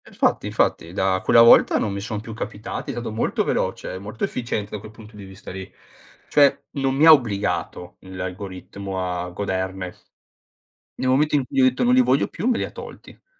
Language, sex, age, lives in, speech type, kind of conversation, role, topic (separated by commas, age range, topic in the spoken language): Italian, male, 30-34, Italy, conversation, podcast, guest, Che effetto hanno gli algoritmi sulle nostre scelte di contenuti?